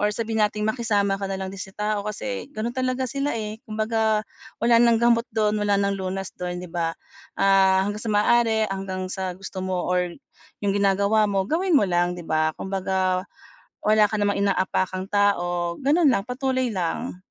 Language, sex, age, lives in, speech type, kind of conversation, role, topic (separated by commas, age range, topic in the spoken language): Filipino, female, 40-44, Philippines, conversation, advice, advisor, Paano ko haharapin ang mga hatol at kritika sa internet?